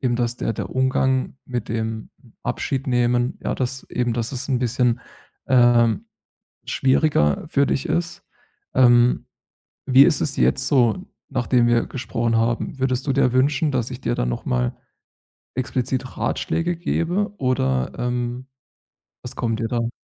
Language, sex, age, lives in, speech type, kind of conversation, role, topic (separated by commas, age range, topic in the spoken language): German, male, 30-34, Germany, conversation, advice, advisor, Wie kann ich besser mit Erinnerungen umgehen und Abschied nehmen?